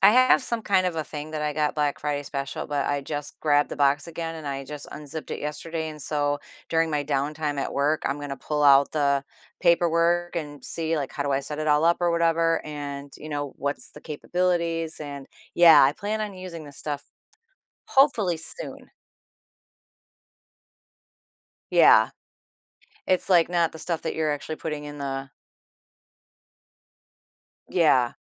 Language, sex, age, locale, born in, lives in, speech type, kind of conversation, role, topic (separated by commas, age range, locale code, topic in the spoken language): English, female, 50-54, en-US, United States, United States, conversation, advice, user, How can I manage anxiety and uncertainty about my future?